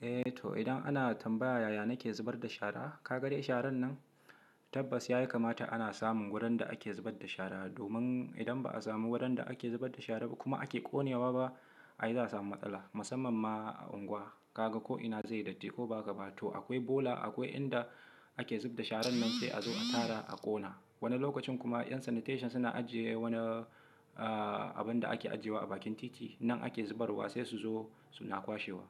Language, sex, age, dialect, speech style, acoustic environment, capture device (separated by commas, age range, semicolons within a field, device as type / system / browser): Hausa, male, 25-34, Nigeria - Kano, relaxed, silence, mobile / Linux / Chrome